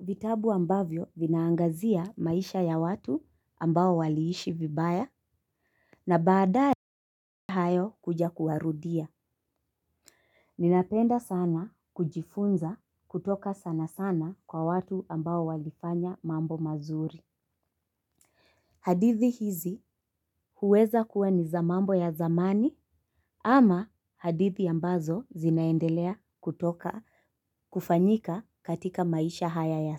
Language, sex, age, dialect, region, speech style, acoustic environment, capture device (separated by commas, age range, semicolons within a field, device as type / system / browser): Swahili, female, 25-34, Kenya - Mombasa, Kenya, focused, nature, mobile / Linux / Chrome